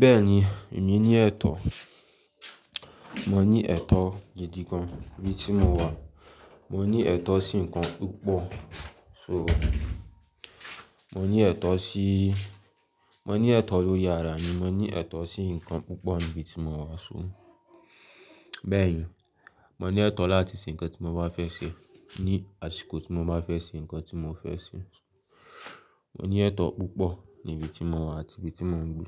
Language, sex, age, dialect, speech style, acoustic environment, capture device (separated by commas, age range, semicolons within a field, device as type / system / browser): Yoruba, male, 18-24, Nigeria - Lagos, focused, silence, mobile / Linux / Chrome